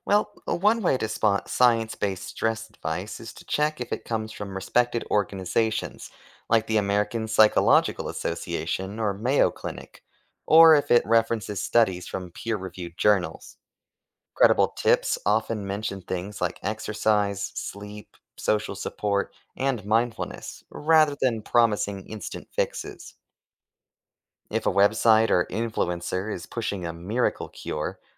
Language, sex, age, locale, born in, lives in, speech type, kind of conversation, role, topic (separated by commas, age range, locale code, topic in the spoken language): English, male, 25-29, en-US, United States, United States, read, expert-assistant, expert, Where can reliable, science-based stress management advice be found?